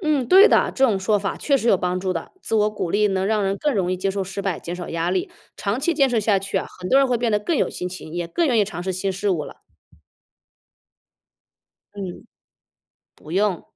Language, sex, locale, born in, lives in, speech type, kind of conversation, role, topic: Chinese, female, zh-CN, China, United States, read, expert-assistant, expert, 大家常说“孩子三岁定终身”，这句话真的有科学根据吗？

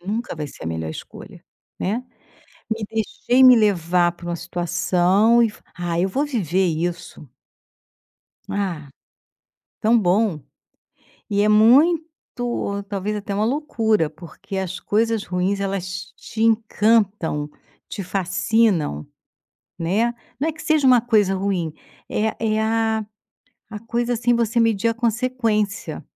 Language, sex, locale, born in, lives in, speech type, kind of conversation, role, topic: Portuguese, female, pt-BR, Brazil, Portugal, conversation, podcast, guest, Como você se recupera emocionalmente de uma escolha ruim?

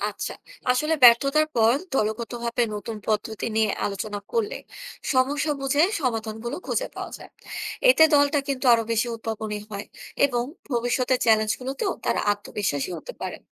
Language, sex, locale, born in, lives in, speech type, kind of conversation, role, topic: Bengali, female, bn-BD, Bangladesh, Bangladesh, read, expert-assistant, expert, দু’জনের একসাথে চিন্তা করলে কোন কোন নতুন আইডিয়া আসতে পারে, আমরা কীভাবে সেটা কাজে লাগাতে পারি?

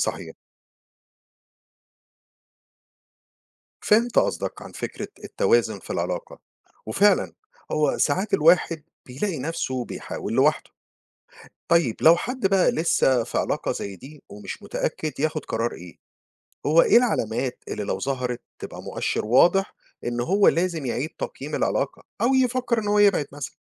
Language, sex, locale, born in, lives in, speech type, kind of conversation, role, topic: Arabic, male, ar-EG, Egypt, United States, read, expert-assistant, asker, إزاي أعرف الفرق بين الإعجاب والحب بجد؟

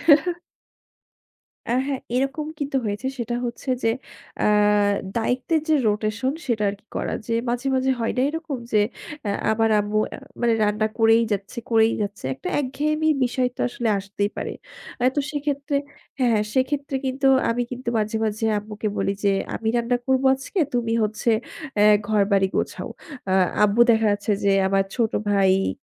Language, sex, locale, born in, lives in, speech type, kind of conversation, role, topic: Bengali, female, bn-BD, Bangladesh, Bangladesh, conversation, podcast, guest, মা-বাবার কাজ ভাগ করে নেওয়ার আপনার পদ্ধতি কী?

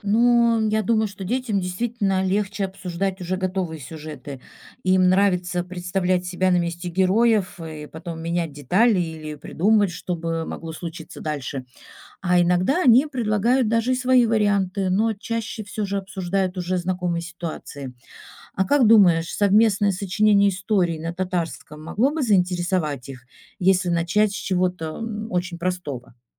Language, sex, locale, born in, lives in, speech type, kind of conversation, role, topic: Russian, female, ru-RU, Russia, Italy, read, expert-assistant, asker, Как заинтересовать детей говорить на родном языке в семье?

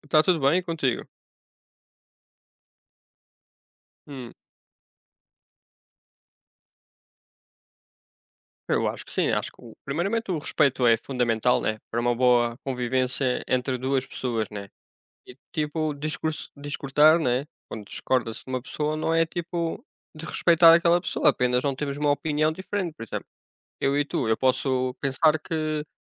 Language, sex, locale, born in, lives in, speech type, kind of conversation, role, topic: Portuguese, male, pt-PT, Portugal, Portugal, conversation, unstructured, none, Você acha que é possível discordar respeitando o outro?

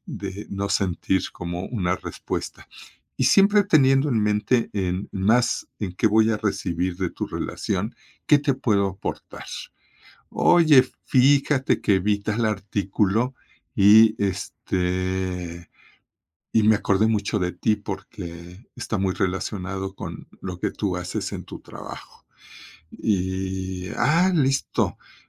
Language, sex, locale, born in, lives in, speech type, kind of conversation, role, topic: Spanish, male, es-MX, Mexico, Mexico, conversation, podcast, guest, ¿Cómo construyes una buena red de contactos?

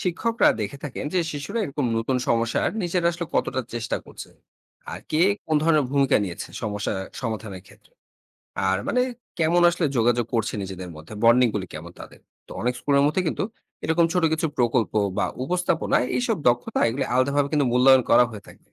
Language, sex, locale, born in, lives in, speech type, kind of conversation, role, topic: Bengali, male, bn-BD, Bangladesh, Bangladesh, read, expert-assistant, expert, শিক্ষাক্রমে গল্প, খেলা বা হাতে-কলমে কাজের মতো মজার উপায়গুলো কেন যোগ করা দরকার, আর এগুলো শেখার ক্ষেত্রে কতটা সাহায্য করে?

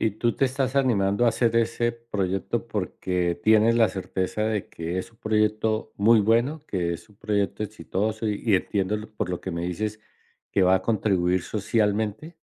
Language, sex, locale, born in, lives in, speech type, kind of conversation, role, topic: Spanish, male, es-CO, Colombia, United States, conversation, advice, advisor, ¿Cómo puedo solicitar presupuesto o recursos para un proyecto clave?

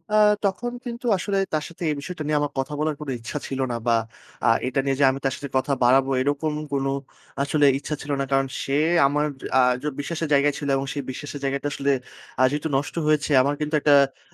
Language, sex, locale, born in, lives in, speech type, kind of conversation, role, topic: Bengali, male, bn-BD, Bangladesh, Bangladesh, conversation, podcast, guest, ভুল থেকে শেখা বিষয়গুলো আপনি বাস্তবে কীভাবে কাজে লাগান?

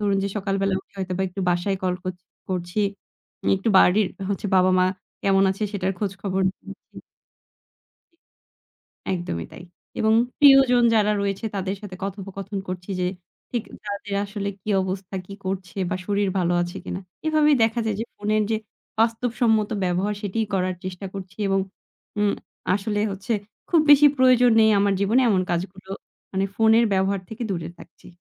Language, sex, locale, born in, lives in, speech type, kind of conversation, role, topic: Bengali, female, bn-BD, Bangladesh, Bangladesh, conversation, podcast, guest, তুমি ফোনে দিন শুরু করো কীভাবে, একটু বলো তো?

